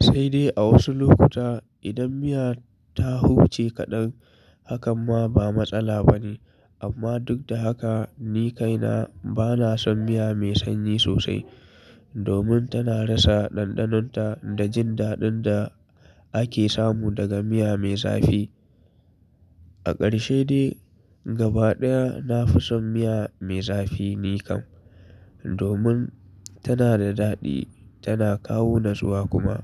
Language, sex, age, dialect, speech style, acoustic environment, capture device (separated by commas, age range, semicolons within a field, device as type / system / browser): Hausa, male, 25-34, Nigeria - Kano, relaxed; focused, people talking, mobile / Linux / Chrome